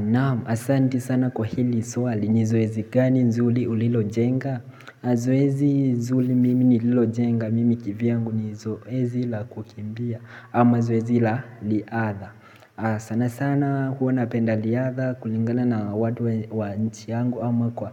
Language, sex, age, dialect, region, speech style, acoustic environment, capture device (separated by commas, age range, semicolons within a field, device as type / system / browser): Swahili, male, 18-24, Kenya - Mombasa, Kenya, relaxed, appliances; people talking, mobile / Linux / Chrome